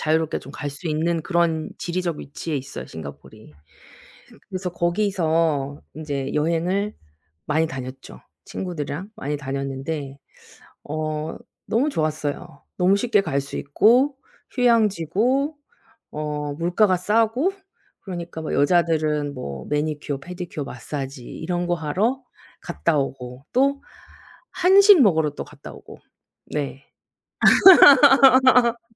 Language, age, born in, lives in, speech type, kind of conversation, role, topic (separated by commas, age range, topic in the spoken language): Korean, 40-44, South Korea, South Korea, conversation, unstructured, none, 여행을 통해 얻은 가장 큰 교훈은 무엇인가요?